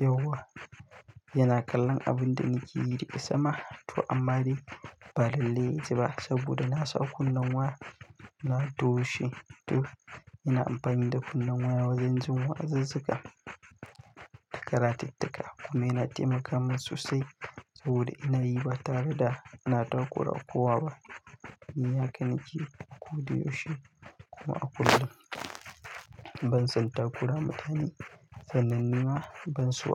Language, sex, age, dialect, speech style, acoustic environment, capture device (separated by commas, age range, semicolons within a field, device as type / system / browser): Hausa, male, 18-24, Nigeria - Katsina, happy, nature, mobile / Linux / Chrome